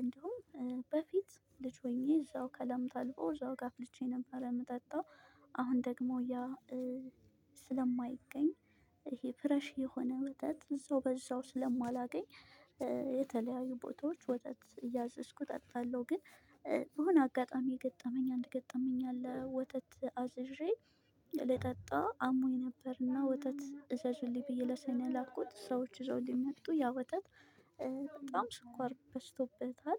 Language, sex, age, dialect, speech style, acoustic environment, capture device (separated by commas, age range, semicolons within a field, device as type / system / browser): Amharic, female, 25-34, Ethiopia - Addis Ababa, relaxed; focused; stressed; happy, people talking, mobile / Linux / Chrome